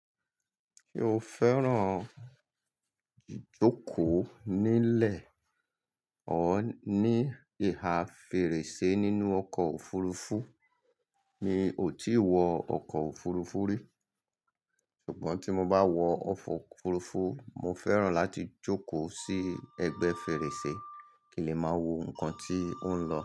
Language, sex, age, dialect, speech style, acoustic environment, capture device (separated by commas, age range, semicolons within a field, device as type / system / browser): Yoruba, male, 35-44, Nigeria - Lagos Yoruba, happy; excited, people talking; construction, mobile / Linux / Chrome